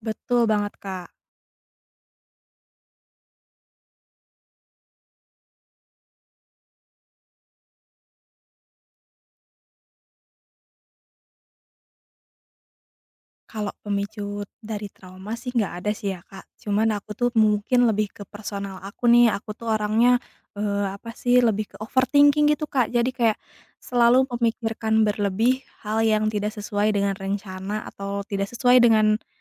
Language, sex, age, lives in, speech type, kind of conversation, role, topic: Indonesian, female, 30-34, Indonesia, conversation, advice, user, Mengapa saya sering terbangun berkali-kali pada malam hari karena cemas menjelang acara penting?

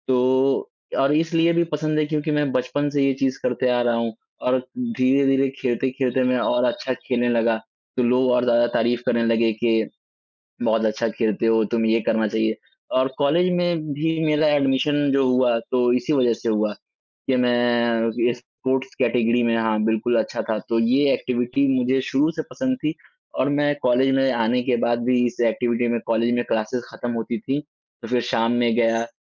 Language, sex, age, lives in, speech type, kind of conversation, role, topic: Hindi, male, 20-24, India, conversation, unstructured, none, आपको पढ़ाई में सबसे मज़ेदार क्या लगता है?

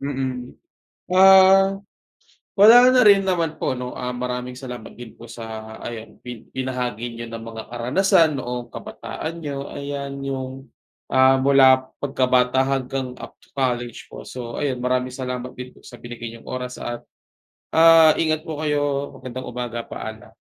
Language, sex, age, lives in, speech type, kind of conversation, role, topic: Filipino, male, 25-29, Philippines, conversation, unstructured, none, May pangyayaring mula sa iyong pagkabata na hindi mo malilimutan?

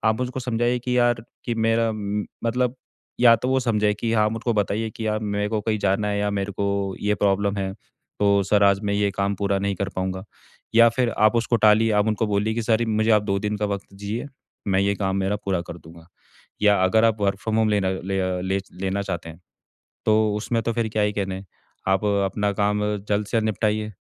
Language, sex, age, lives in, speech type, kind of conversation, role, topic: Hindi, male, 25-29, India, conversation, advice, advisor, मैं काम और निजी जीवन के बीच स्पष्ट सीमाएँ कैसे रखूँ?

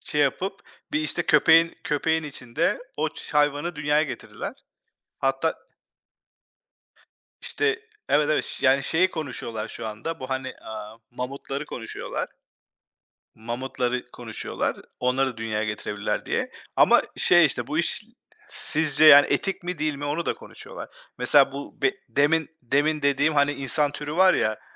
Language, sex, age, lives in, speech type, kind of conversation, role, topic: Turkish, male, 40-44, United States, conversation, unstructured, none, Tarihte en çok şaşırdığınız olay nedir?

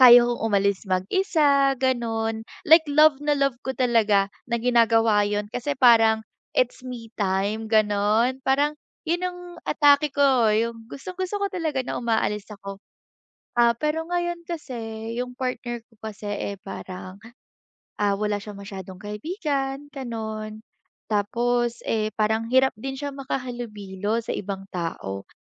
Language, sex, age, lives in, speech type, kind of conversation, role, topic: Filipino, female, 20-24, Philippines, conversation, advice, user, Paano ako makakahanap ng oras para sa sarili nang hindi nasasaktan ang aming relasyon?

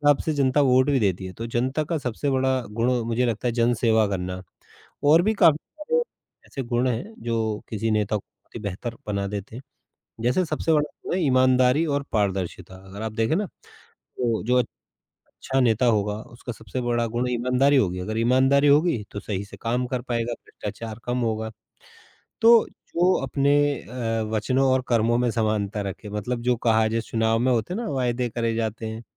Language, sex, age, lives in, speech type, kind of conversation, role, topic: Hindi, male, 35-39, India, conversation, unstructured, none, आपके हिसाब से एक अच्छे नेता में कौन-कौन से गुण होने चाहिए?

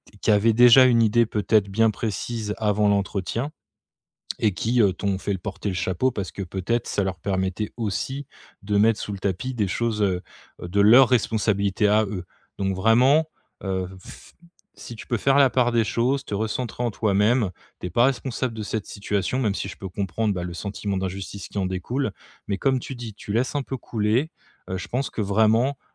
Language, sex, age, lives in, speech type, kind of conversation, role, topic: French, male, 35-39, France, conversation, advice, advisor, Comment répondre à des remarques critiques lors d'un entretien d'évaluation ?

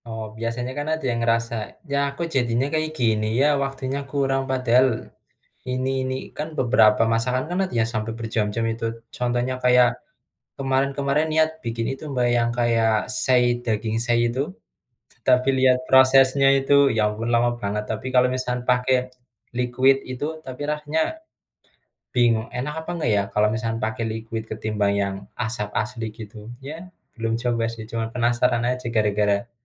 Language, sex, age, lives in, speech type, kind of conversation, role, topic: Indonesian, male, 25-29, Indonesia, conversation, unstructured, none, Bagaimana hobi dapat membantu mengurangi stres?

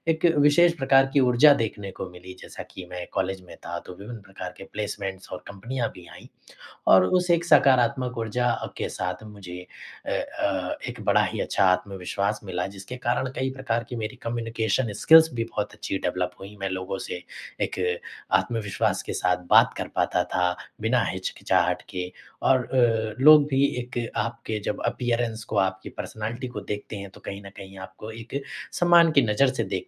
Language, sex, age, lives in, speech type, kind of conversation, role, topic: Hindi, male, 25-29, India, conversation, podcast, guest, रोज़मर्रा की छोटी-छोटी आदतों ने आपको कैसे बदला है?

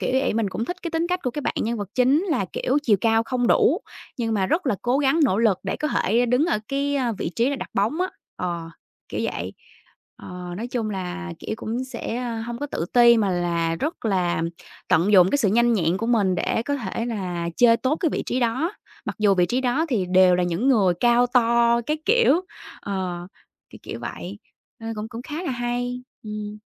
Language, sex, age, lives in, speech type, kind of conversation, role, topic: Vietnamese, female, 25-29, Vietnam, conversation, unstructured, none, Bộ phim hoạt hình nào bạn xem hồi nhỏ mà đến giờ vẫn nhớ mãi?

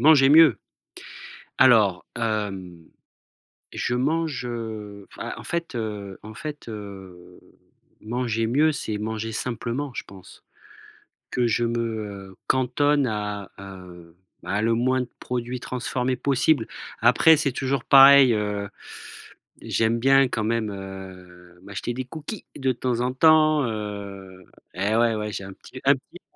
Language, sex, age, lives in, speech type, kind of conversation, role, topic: French, male, 40-44, France, conversation, podcast, guest, Comment fais-tu tes courses pour manger mieux et jeter moins ?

